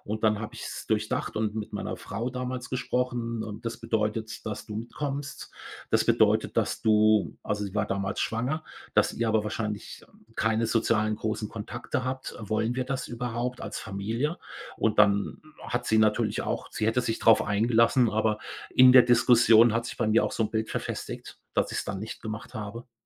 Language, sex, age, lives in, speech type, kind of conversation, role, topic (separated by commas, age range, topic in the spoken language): German, male, 55-59, Germany, conversation, podcast, guest, Wie gehst du mit dem Druck um, den „richtigen“ Plan zu wählen?